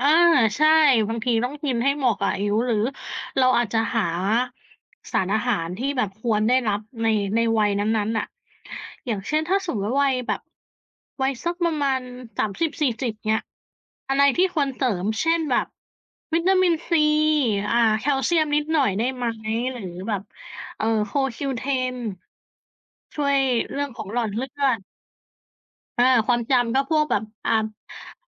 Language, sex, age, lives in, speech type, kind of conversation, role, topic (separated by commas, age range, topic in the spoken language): Thai, male, 30-34, Thailand, conversation, unstructured, none, คุณคิดว่าความเครียดส่งผลต่อร่างกายอย่างไรบ้าง?